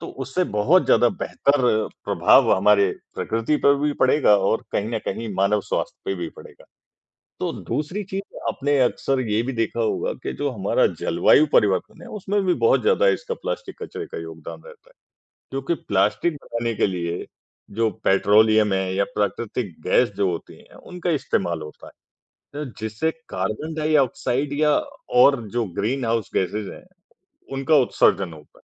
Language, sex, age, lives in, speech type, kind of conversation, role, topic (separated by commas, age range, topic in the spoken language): Hindi, male, 55-59, India, conversation, unstructured, none, क्या आप मानते हैं कि प्लास्टिक कचरा हमारी धरती के लिए एक बड़ा खतरा है?